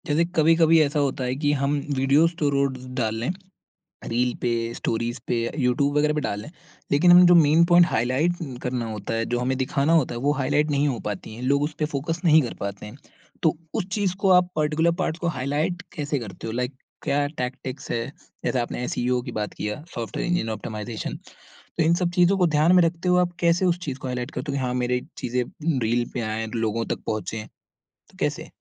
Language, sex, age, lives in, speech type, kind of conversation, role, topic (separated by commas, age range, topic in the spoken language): Hindi, male, 20-24, India, conversation, podcast, host, Social media par tum apna kaam kaise dikhate ho?